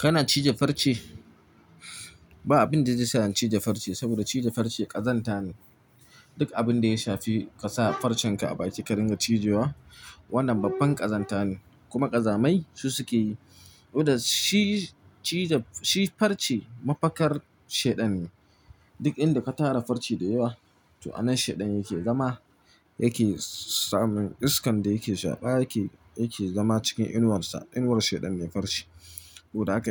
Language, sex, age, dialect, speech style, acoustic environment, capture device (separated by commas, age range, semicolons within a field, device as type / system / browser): Hausa, male, 25-34, Nigeria - Nigerian Standard, happy, nature; silence, mobile / Linux / Chrome